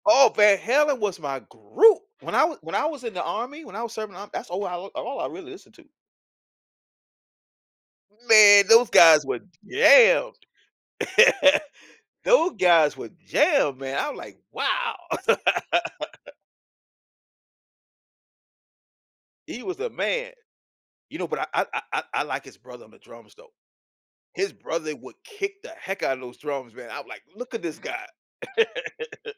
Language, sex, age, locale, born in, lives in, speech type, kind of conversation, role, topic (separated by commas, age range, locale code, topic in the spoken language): English, male, 55-59, en-US, United States, United States, conversation, podcast, host, What makes attending live events or concerts such a memorable and meaningful experience for people?